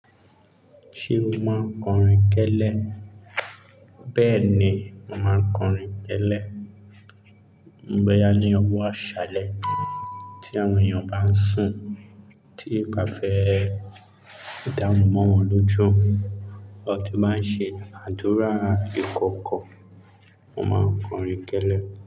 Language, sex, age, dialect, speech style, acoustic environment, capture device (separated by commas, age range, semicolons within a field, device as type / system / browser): Yoruba, male, 25-34, Nigeria - Lagos Yoruba, relaxed; focused; excited, silence, mobile / Linux / Chrome